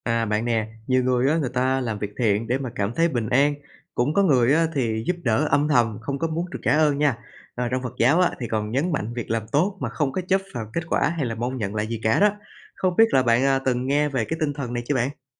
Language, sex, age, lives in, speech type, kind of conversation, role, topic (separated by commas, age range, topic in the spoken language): Vietnamese, male, 25-29, Vietnam, read, expert-assistant, expert, Nhiều người nhắc đến 'nghiệp' trong tôn giáo, nhưng ý nghĩa thật sự của nó là gì? Có phải chúng ta đang hiểu sai về khái niệm này?